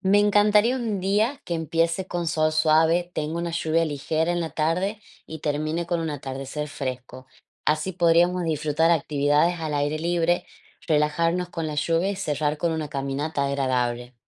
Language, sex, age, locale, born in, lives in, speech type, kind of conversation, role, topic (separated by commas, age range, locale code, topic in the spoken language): Spanish, female, 25-29, es-AR, Argentina, Netherlands, read, expert-assistant, expert, Imagina que puedes controlar el clima por un día en tu ciudad. ¿Qué harías y por qué?